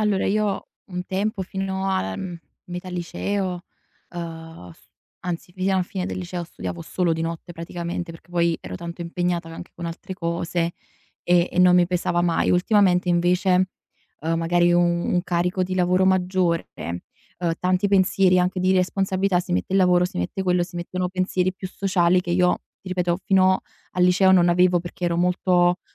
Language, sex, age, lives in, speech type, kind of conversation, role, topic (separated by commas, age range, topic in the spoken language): Italian, female, 20-24, Italy, conversation, advice, user, Come posso gestire il sovraccarico di lavoro ed evitare il burnout mentre porto avanti la mia startup?